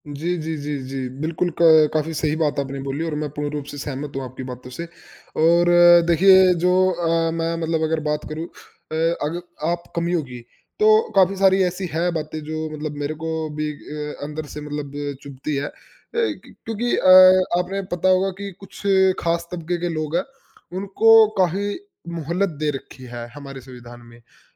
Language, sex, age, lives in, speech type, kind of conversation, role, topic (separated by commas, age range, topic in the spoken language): Hindi, male, 20-24, India, conversation, unstructured, none, लोकतंत्र हमारे लिए कितना ज़रूरी है?